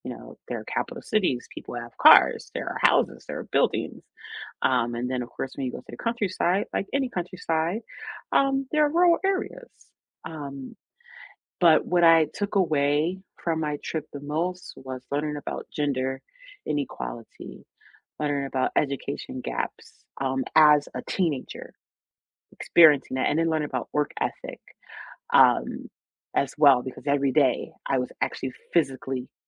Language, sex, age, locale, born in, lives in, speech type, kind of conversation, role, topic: English, female, 35-39, en-US, United States, United States, conversation, unstructured, none, Which field trips changed how you saw the world, and why did they matter to you?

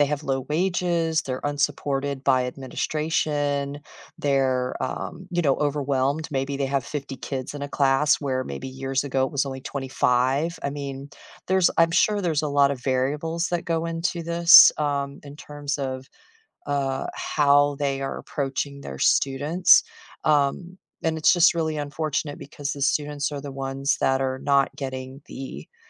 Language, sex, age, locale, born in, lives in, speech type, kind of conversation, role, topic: English, female, 50-54, en-US, United States, United States, conversation, unstructured, none, Why do some teachers seem unfair to certain students?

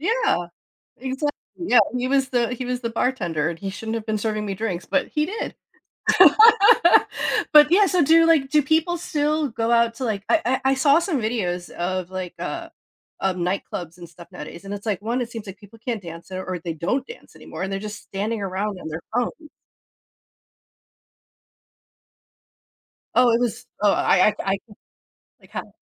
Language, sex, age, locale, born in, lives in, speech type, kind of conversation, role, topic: English, female, 40-44, en-US, United States, United States, conversation, podcast, host, How has social media influenced the way we connect and communicate with others?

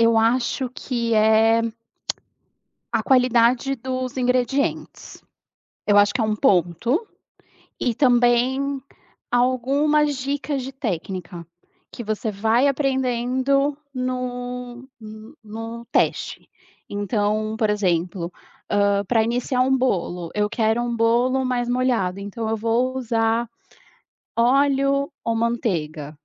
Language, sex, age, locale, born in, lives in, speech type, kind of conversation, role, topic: Portuguese, female, 35-39, pt-BR, Brazil, Portugal, conversation, podcast, guest, Como você ensina essa receita para alguém que nunca cozinhou?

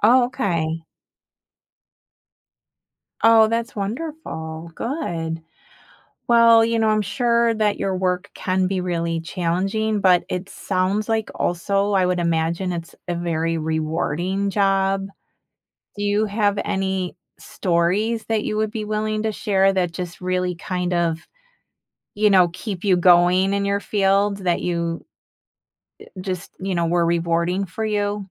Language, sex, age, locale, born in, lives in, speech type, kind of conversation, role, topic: English, female, 50-54, en-US, United States, United States, conversation, podcast, host, What do you wish you had known when you first started your career?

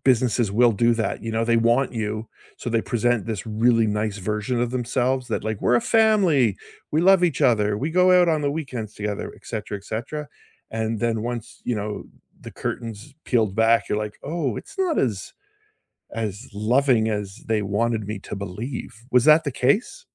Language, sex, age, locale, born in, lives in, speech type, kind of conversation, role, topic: English, male, 55-59, en-CA, Canada, United States, conversation, unstructured, none, When was the last time you tried something completely new, and what did it teach you?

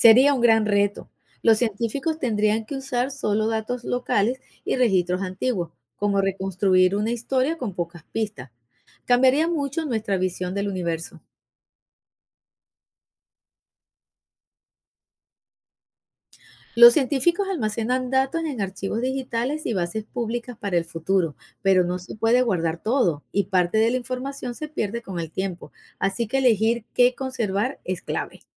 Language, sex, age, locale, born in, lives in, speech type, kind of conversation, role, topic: Spanish, female, 55-59, es-VE, Venezuela, United States, read, expert-assistant, expert, ¿Por qué el universo sigue expandiéndose?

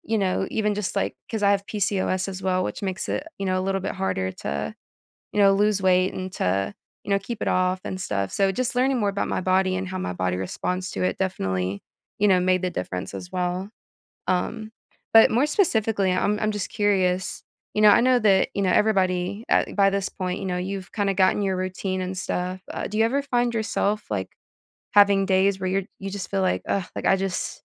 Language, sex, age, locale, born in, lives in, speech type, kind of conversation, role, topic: English, female, 25-29, en-US, United States, United States, conversation, podcast, host, What helps you keep up a consistent exercise routine over time?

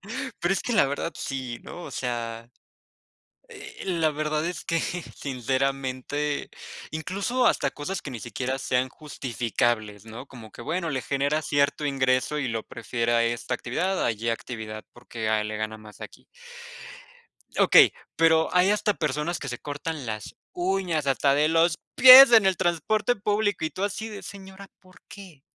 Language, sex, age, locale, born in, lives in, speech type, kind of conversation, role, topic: Spanish, male, 25-29, es-MX, Mexico, Mexico, conversation, unstructured, none, ¿Qué te gusta aprender sobre la cultura local cuando viajas?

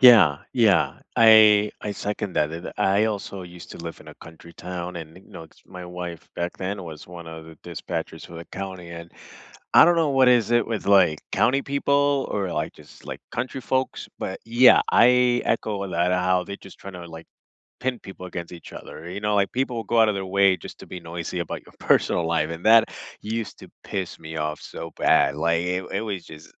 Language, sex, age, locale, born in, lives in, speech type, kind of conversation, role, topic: English, male, 25-29, en-US, United States, United States, conversation, unstructured, none, What is a small risk you took that paid off?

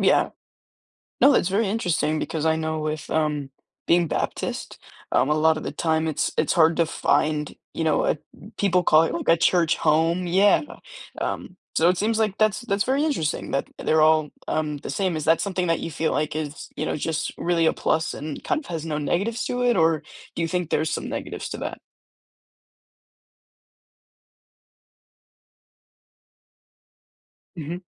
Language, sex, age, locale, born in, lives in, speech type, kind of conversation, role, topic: English, male, 18-19, en-US, United States, United States, conversation, podcast, host, How does your faith shape your everyday choices and experiences?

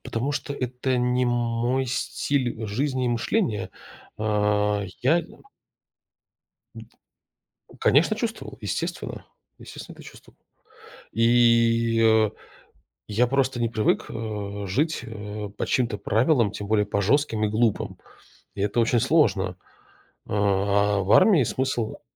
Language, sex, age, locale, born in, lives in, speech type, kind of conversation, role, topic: Russian, male, 35-39, ru-RU, Russia, United States, conversation, podcast, guest, Расскажи о встрече, которая изменила тебя?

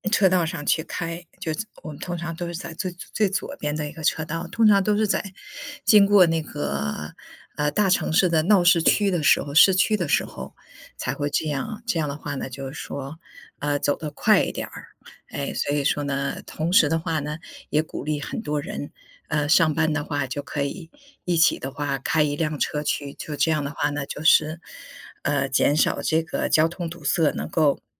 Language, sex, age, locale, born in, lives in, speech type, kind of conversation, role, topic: Chinese, female, 50-54, zh-CN, China, United States, conversation, unstructured, none, 你怎么看待空气污染问题？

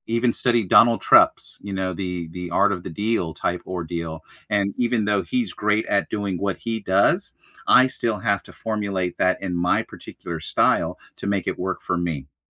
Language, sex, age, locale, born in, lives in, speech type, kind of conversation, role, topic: English, male, 40-44, en-US, United States, United States, conversation, unstructured, none, How do you learn best: through videos, reading, or hands-on practice?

